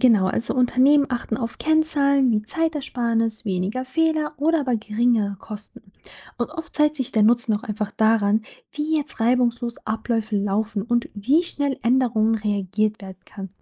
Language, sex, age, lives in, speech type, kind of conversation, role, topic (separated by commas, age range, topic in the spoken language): German, female, 25-29, Germany, read, expert-assistant, expert, Was genau ist eine Lieferkette, und warum spielt sie für Firmen eine zentrale Rolle?